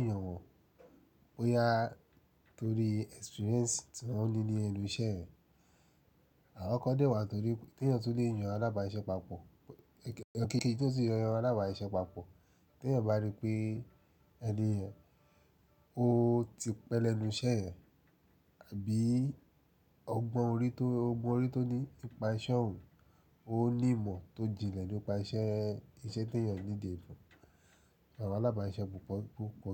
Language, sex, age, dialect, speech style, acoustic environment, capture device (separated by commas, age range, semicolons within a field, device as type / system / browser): Yoruba, male, 35-44, Nigeria - Nigerian Standard, relaxed, nature, mobile / Linux / Chrome